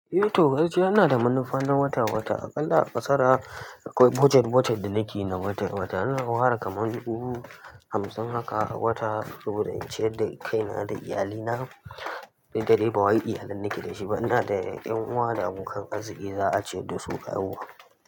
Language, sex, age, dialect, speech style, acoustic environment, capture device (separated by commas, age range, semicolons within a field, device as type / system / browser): Hausa, male, 18-24, Nigeria - Kano, happy, construction, mobile / Linux / Chrome